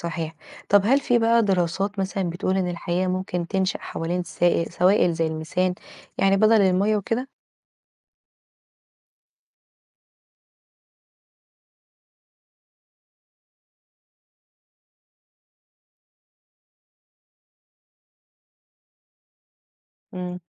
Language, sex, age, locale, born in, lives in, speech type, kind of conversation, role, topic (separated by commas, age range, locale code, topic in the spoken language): Arabic, female, 20-24, ar-EG, Egypt, Egypt, read, expert-assistant, asker, إيه الفرق بين الكواكب الصخرية والكواكب الغازية، وليه النوعين دول اتكوّنوا أصلاً؟